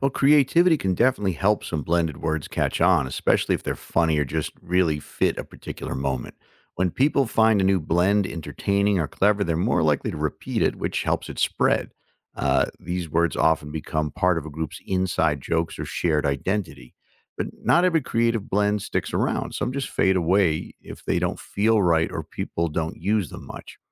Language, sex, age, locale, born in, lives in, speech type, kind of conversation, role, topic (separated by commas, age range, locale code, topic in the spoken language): English, male, 55-59, en-US, United States, United States, read, expert-assistant, expert, How do people creatively blend languages in everyday conversation, and how might that shape future communication styles?